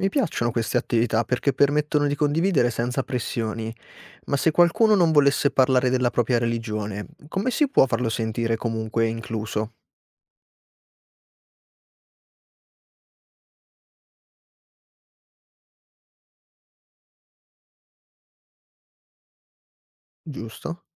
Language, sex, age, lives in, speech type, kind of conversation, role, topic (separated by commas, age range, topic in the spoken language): Italian, male, 25-29, Italy, read, expert-assistant, asker, Come posso rendere più coinvolgente e pratico lo studio delle religioni mondiali?